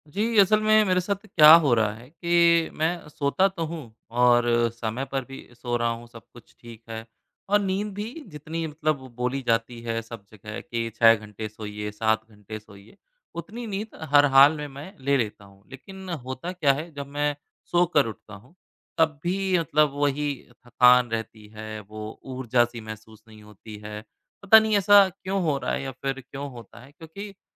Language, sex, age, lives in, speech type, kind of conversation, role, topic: Hindi, male, 30-34, India, conversation, advice, user, सोकर भी दिनभर ऊर्जा न होना, जल्दी थकना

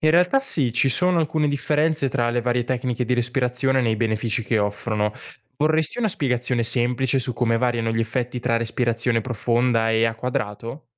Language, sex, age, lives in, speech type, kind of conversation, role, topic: Italian, male, 20-24, Italy, read, expert-assistant, expert, Quando si tratta di riprendersi dalla stanchezza, è più efficace un breve pisolino o una pausa attiva? Quali sono le differenze per il nostro organismo?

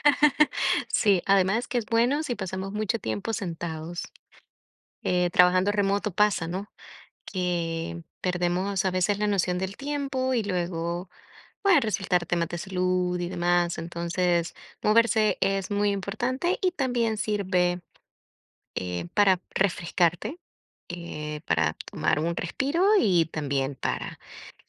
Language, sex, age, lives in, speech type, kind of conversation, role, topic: Spanish, female, 25-29, United States, conversation, unstructured, none, ¿Cómo organizas tu día para ser más productivo?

